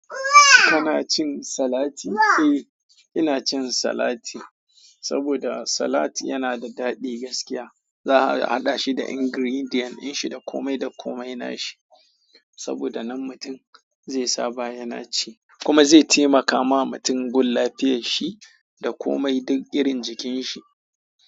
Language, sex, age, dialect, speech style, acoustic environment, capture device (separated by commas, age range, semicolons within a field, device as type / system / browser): Hausa, male, 25-34, Nigeria - Kano, happy; excited, people talking, mobile / Android / Chrome